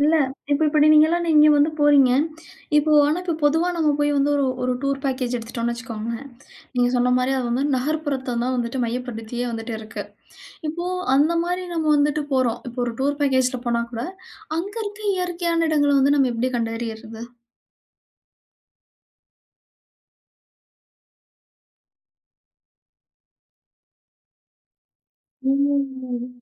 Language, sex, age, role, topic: Tamil, female, 35-39, host, என் அருகிலுள்ள இயற்கை இடங்களை எப்படிக் கண்டுபிடித்து அடையாளம் காணலாம்?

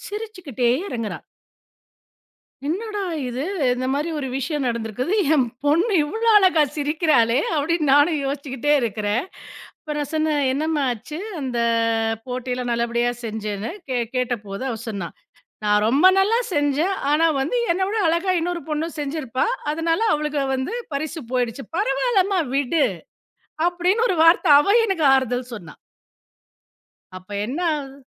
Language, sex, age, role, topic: Tamil, female, 40-44, guest, நீங்கள் தோல்வியை எப்படிப் பார்க்கிறீர்கள்?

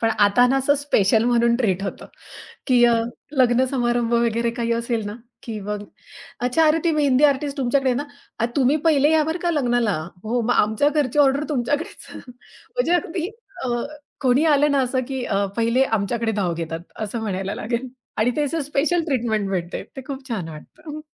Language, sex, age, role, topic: Marathi, female, 30-34, guest, स्वतःहून नवीन कौशल्य कसे शिकलेस?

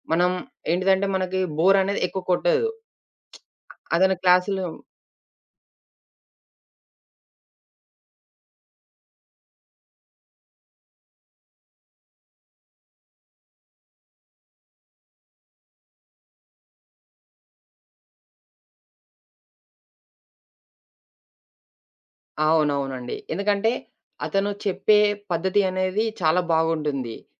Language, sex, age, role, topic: Telugu, male, 20-24, guest, మీ గురువు చేసిన చిన్న సహాయం మీ జీవితాన్ని పెద్దగా మార్చిన ఉదాహరణ ఏమిటి?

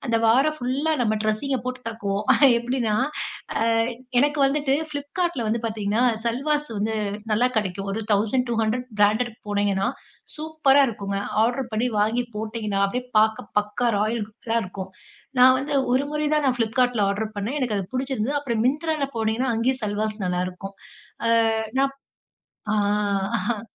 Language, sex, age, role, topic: Tamil, female, 30-34, guest, உங்கள் அடையாளத்தை நவீன போக்குகளோடு எப்படி இணைத்துக்கொள்கிறீர்கள்?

